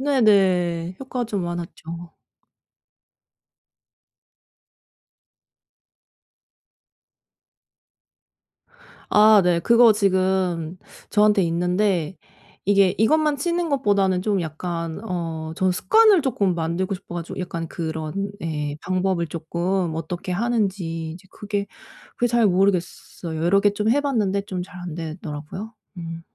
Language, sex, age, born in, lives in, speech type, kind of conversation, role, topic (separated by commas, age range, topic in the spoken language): Korean, female, 35-39, South Korea, Germany, conversation, advice, user, 일상에서 쉽게 마음챙김 습관을 어떻게 만들 수 있을까요?